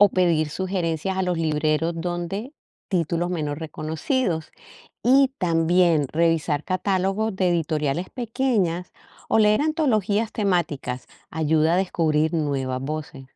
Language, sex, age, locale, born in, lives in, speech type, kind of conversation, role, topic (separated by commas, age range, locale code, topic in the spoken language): Spanish, female, 55-59, es-CO, Colombia, United States, read, expert-assistant, expert, ¿Cómo elegir libros contemporáneos que realmente valgan la pena leer?